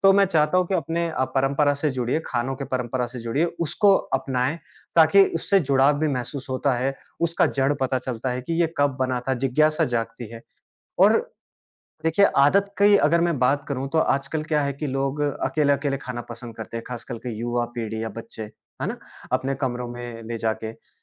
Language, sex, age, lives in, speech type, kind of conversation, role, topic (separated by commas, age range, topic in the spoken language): Hindi, male, 30-34, India, conversation, podcast, guest, आप खाने से जुड़ी कौन-सी सीख या आदत आने वाली पीढ़ी को देना चाहेंगे?